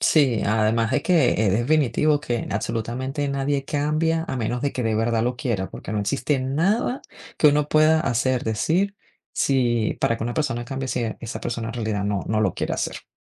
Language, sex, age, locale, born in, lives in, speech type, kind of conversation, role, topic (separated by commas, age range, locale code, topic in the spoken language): Spanish, female, 40-44, es-VE, Venezuela, United States, conversation, unstructured, none, ¿Cómo puedes persuadir a alguien sin que se moleste?